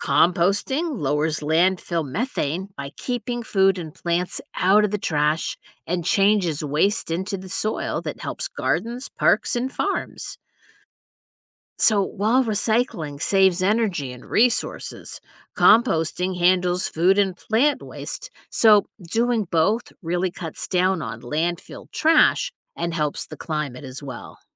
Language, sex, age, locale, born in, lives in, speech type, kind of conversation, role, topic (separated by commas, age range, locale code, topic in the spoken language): English, female, 55-59, en-US, United States, United States, read, expert-assistant, expert, How does recycling help protect the environment?